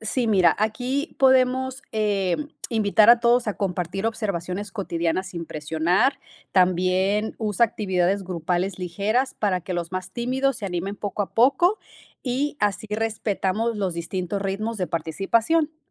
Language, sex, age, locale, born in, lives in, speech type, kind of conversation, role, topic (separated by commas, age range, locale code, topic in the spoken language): Spanish, female, 30-34, es-MX, Mexico, United States, read, expert-assistant, expert, ¿Cómo puedo mejorar mi empatía y comunicación al interactuar con personas de diferentes contextos culturales?